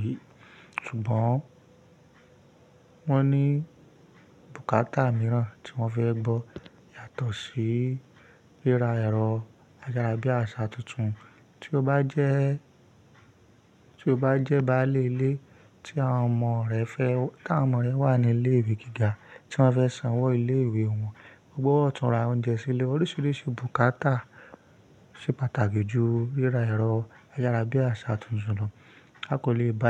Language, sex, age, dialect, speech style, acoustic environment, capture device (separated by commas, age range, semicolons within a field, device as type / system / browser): Yoruba, male, 25-34, Nigeria - Ibadan, happy, people talking; nature, mobile / Linux / Chrome